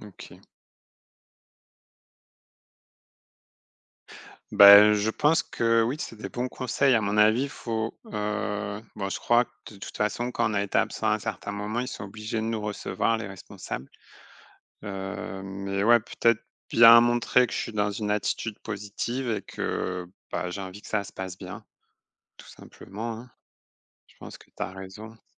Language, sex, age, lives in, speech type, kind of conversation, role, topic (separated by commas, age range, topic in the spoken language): French, male, 35-39, France, conversation, advice, user, Comment s’est passé votre retour au travail après un long congé, et comment avez-vous géré les attentes ?